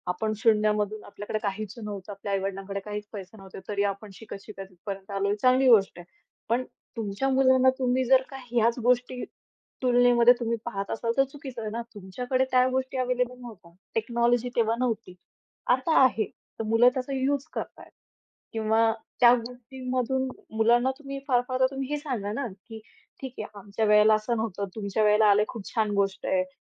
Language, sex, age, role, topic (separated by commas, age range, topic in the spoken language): Marathi, female, 25-29, guest, पालक आणि मुलांमधील संवादाबाबत अपेक्षा कशा असतात?